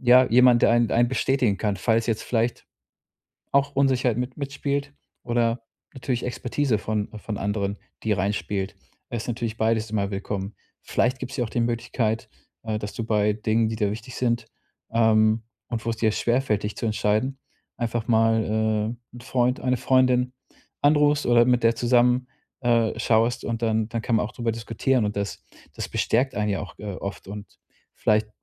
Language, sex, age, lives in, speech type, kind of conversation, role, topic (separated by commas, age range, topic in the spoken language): German, male, 35-39, Germany, conversation, advice, advisor, Warum fällt mir das Einkaufen und das Treffen von Kaufentscheidungen so schwer?